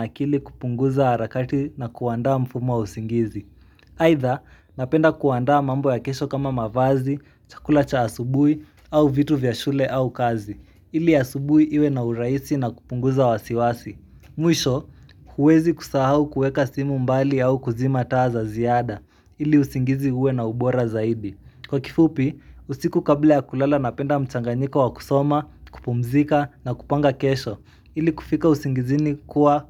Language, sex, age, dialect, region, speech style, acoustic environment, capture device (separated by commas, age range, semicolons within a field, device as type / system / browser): Swahili, male, 18-24, Kenya - Nairobi Sheng-influenced, Kenya, happy, silence, mobile / Linux / Chrome